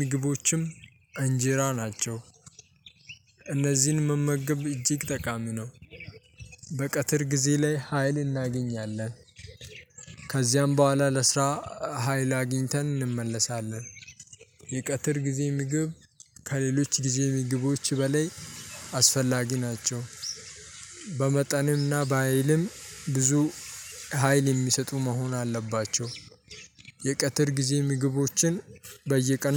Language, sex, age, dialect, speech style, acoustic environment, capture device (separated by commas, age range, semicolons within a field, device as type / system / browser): Amharic, male, 18-24, Ethiopia - Addis Ababa, happy, silence, mobile / Linux / Chrome